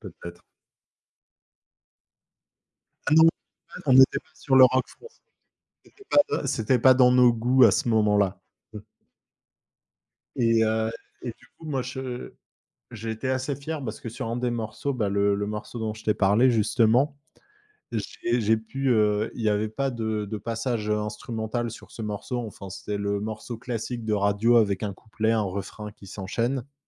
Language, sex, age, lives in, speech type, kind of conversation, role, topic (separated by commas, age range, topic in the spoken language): French, male, 25-29, France, conversation, podcast, guest, Peux-tu raconter la première fois que tu as montré ton travail en public ?